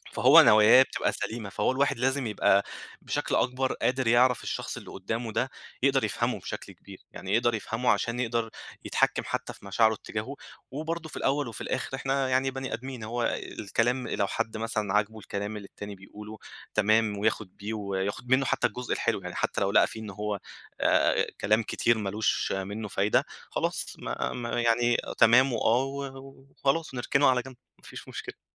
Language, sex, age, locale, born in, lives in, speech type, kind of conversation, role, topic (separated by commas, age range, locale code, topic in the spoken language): Arabic, male, 25-29, ar-EG, Egypt, Egypt, conversation, advice, advisor, إزاي أتعامل مع النقد بشكل بنّاء من غير ما أحس بالإحباط أو أبقى في موقف دفاعي؟